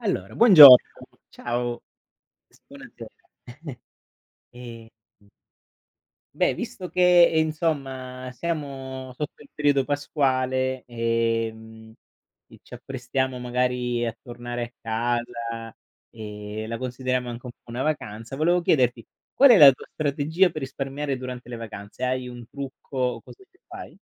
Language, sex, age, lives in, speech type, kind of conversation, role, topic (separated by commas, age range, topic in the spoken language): Italian, male, 40-44, Germany, conversation, unstructured, none, Qual è la tua strategia per risparmiare per le vacanze?